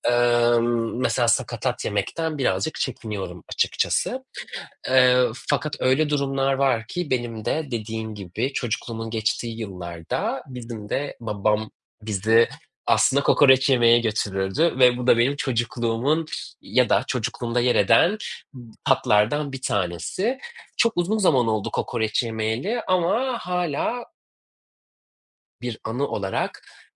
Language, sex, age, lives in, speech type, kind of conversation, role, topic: Turkish, male, 35-39, Germany, conversation, unstructured, none, Şimdiye kadar yaşadığın en unutulmaz yemek deneyimi hangisiydi?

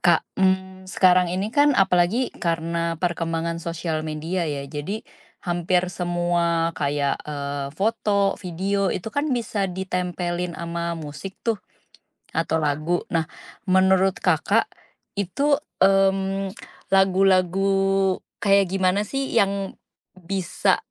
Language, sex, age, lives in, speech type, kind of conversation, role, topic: Indonesian, female, 35-39, Indonesia, conversation, podcast, host, Menurut kamu, apa yang membuat sebuah lagu mudah melekat di kepala?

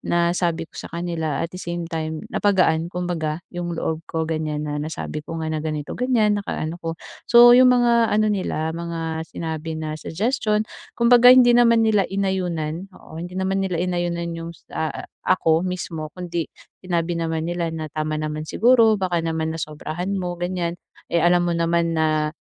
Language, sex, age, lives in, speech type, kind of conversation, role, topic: Filipino, female, 25-29, Philippines, conversation, advice, user, Paano ako tatanggap ng puna nang hindi ito ginagawang personal?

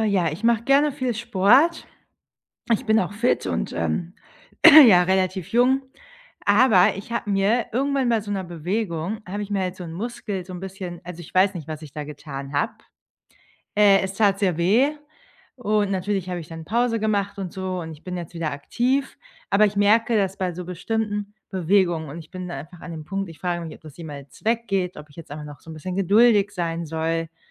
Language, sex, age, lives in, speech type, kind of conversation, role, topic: German, female, 30-34, Germany, conversation, advice, user, Wie kannst du deinen Alltag neu organisieren, wenn sich deine Gesundheit oder Mobilität plötzlich verändert hat?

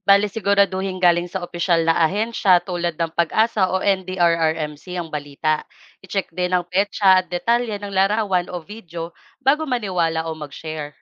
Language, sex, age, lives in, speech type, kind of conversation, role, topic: Filipino, female, 25-29, Philippines, read, expert-assistant, expert, Paano mo nasisiguro na ang balita o impormasyon na natatanggap mo tuwing may emergency ay hindi fake news o pinapalala lamang para magdulot ng takot?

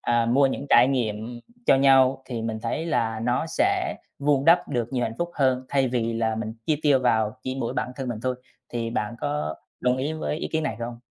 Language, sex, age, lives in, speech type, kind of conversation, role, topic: Vietnamese, male, 25-29, Vietnam, conversation, unstructured, none, Tiền bạc ảnh hưởng như thế nào đến hạnh phúc của bạn?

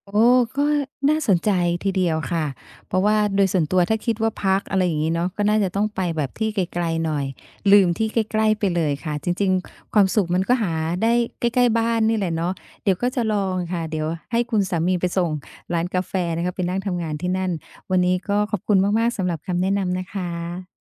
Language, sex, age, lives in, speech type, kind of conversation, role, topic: Thai, female, 50-54, Thailand, conversation, advice, user, จะเริ่มพักฟื้นอย่างไรและควรบอกคนรอบข้างอย่างไรเมื่อรู้สึกว่าร่างกายกับจิตใจต้องการหยุดพักชั่วคราว?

